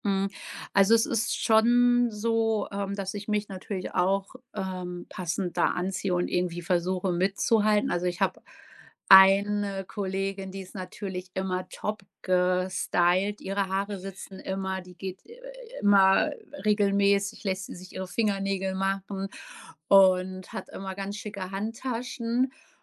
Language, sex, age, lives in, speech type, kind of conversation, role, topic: German, female, 35-39, Germany, conversation, podcast, guest, Wie hat sich dein Kleidungsstil im Laufe der Jahre verändert?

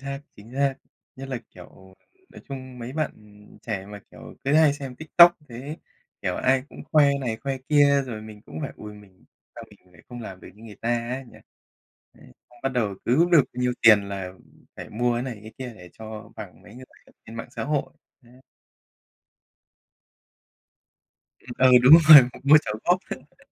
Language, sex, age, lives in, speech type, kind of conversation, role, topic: Vietnamese, male, 30-34, Japan, conversation, unstructured, none, Bạn nghĩ sao về việc tiết kiệm tiền mỗi tháng?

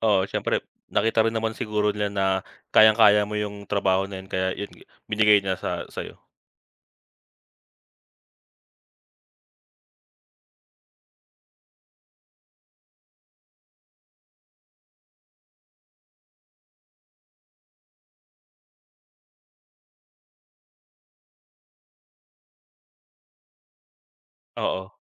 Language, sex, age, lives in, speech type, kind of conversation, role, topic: Filipino, male, 25-29, Philippines, conversation, unstructured, none, Ano ang pinakatumatak na pangyayari sa iyong trabaho?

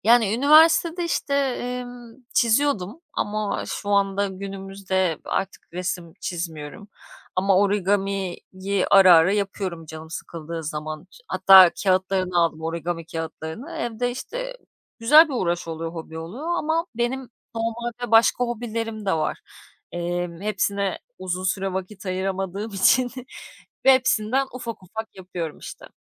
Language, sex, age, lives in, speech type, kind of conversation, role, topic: Turkish, female, 35-39, Greece, conversation, podcast, guest, Başlangıç seviyesindeki birine hangi yaratıcı hobiyi önerirsin, neden?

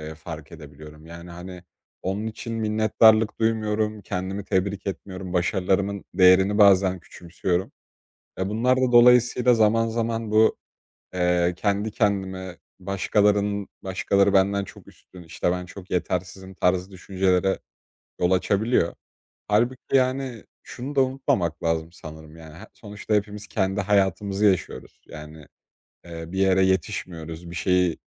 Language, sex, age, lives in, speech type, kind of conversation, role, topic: Turkish, male, 20-24, Poland, conversation, advice, user, Başkalarıyla kendini kıyaslama eğilimini nasıl azaltabilirsin?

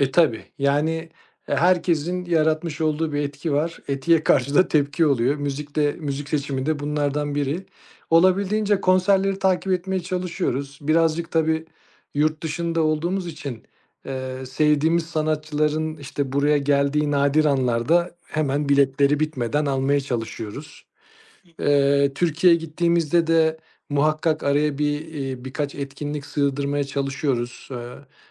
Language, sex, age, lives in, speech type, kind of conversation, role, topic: Turkish, male, 35-39, Austria, conversation, podcast, guest, Müzik zevkini nasıl tanımlarsın ve hangi kaynaklardan etkileniyorsun?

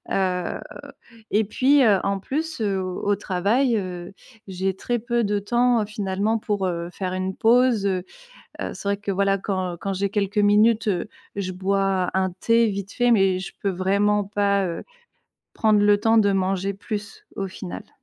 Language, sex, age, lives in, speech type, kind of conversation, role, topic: French, female, 35-39, France, conversation, podcast, guest, Quel est ton petit-déjeuner type, si tu en as un ?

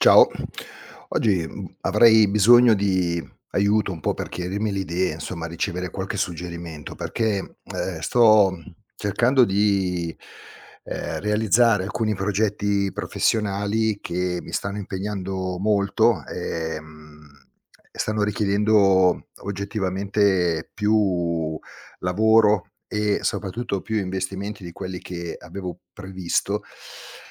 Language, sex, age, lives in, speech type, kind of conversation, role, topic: Italian, male, 50-54, Italy, conversation, advice, user, Obiettivi troppo ambiziosi e irrealistici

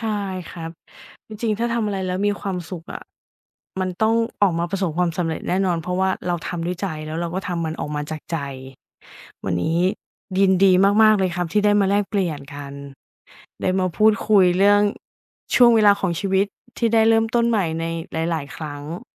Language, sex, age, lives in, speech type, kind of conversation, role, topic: Thai, female, 35-39, Thailand, conversation, podcast, host, ช่วงไหนในชีวิตที่คุณรู้สึกว่าได้เริ่มต้นใหม่อีกครั้ง?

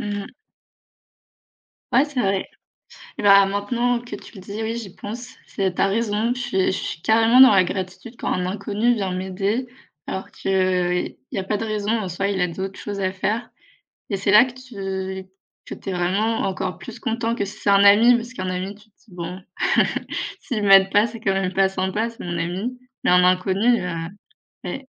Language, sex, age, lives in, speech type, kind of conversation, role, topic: French, female, 25-29, France, conversation, unstructured, none, Quelle place la gratitude occupe-t-elle dans ta vie ?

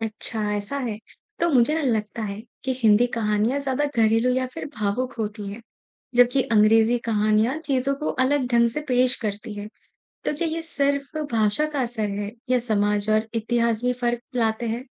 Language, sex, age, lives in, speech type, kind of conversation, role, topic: Hindi, male, 55-59, India, read, expert-assistant, asker, अगर मैं हिंदी और अंग्रेज़ी साहित्य की तुलना करना चाहूँ, तो शुरुआत कैसे करूँ?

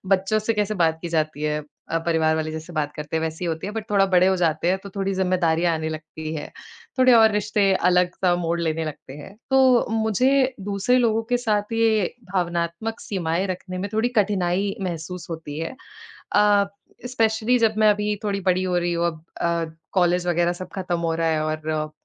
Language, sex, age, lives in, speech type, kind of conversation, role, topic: Hindi, female, 20-24, India, conversation, advice, user, मुझे अन्य लोगों के साथ भावनात्मक सीमाएँ बनाए रखने में कठिनाई क्यों होती है?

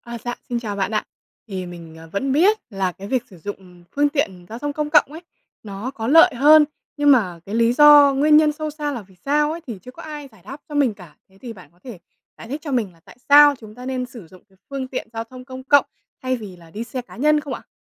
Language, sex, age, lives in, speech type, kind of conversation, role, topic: Vietnamese, female, 20-24, Vietnam, read, expert-assistant, asker, Tại sao nên sử dụng phương tiện giao thông công cộng?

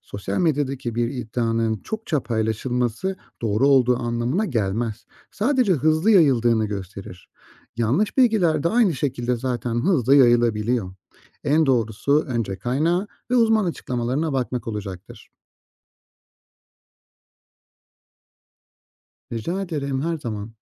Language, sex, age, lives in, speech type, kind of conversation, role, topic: Turkish, male, 35-39, Austria, read, expert-assistant, expert, Gıdalarla ilgili çıkan haberlerin güvenilir olup olmadığını nasıl anlarız?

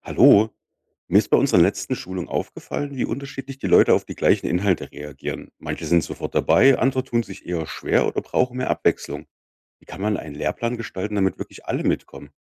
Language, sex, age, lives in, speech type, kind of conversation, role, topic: German, male, 35-39, Germany, read, expert-assistant, asker, Wie gestaltet man Unterricht so, dass alle Teilnehmenden aktiv einbezogen werden?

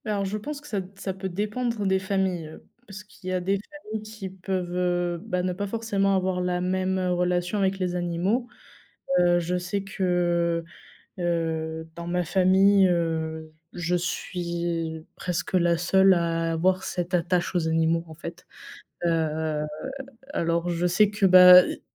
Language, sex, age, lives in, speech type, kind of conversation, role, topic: French, female, 20-24, France, conversation, unstructured, none, Quels sont les avantages d’avoir un chien à la maison ?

